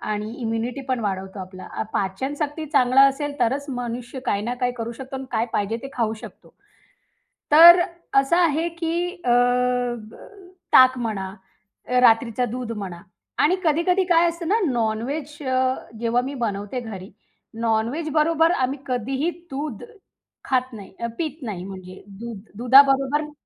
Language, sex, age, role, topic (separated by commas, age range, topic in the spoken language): Marathi, female, 35-39, guest, स्वयंपाकातल्या रोजच्या रूढी तुम्ही कशा जपता?